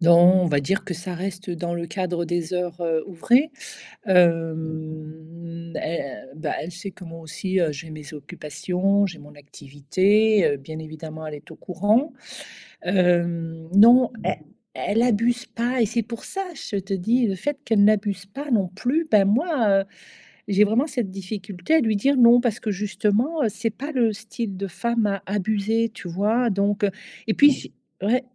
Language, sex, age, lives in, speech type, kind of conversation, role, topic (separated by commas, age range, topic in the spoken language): French, female, 55-59, Portugal, conversation, advice, user, Pourquoi ai-je du mal à dire non quand un ami me demande de l’aide ?